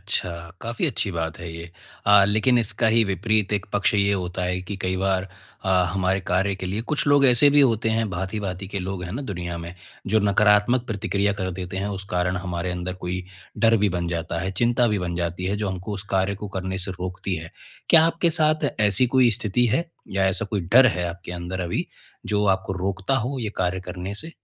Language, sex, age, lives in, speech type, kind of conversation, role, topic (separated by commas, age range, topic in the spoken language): Hindi, male, 25-29, India, conversation, advice, advisor, मैं अपने प्राकृतिक हुनर को कैसे पहचानूँ और उसे आत्मविश्वास के साथ अपनाऊँ?